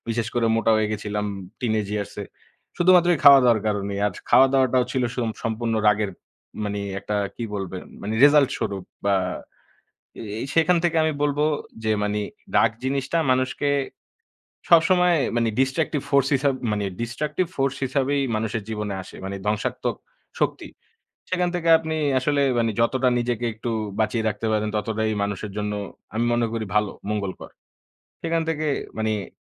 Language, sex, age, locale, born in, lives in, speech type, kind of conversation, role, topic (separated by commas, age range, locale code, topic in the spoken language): Bengali, male, 25-29, bn-BD, Bangladesh, Bangladesh, conversation, unstructured, none, আপনার মতামত প্রকাশে অন্যরা রাগ করলে আপনি কী করবেন?